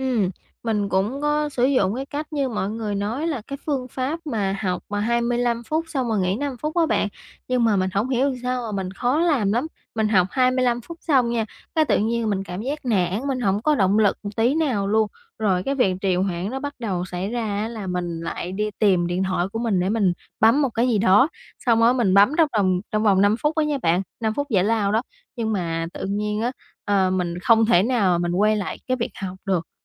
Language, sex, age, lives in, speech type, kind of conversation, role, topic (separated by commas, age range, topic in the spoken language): Vietnamese, female, 20-24, Vietnam, conversation, advice, user, Làm sao để ngừng trì hoãn và bắt đầu hành động ngay bây giờ?